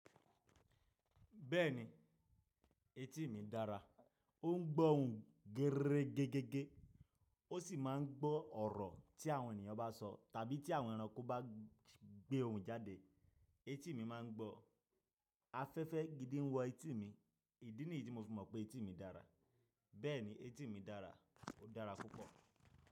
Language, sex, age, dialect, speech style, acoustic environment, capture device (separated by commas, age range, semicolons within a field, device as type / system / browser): Yoruba, male, 25-34, Nigeria - Nigerian Standard, happy, silence; nature, desktop / Linux / Chrome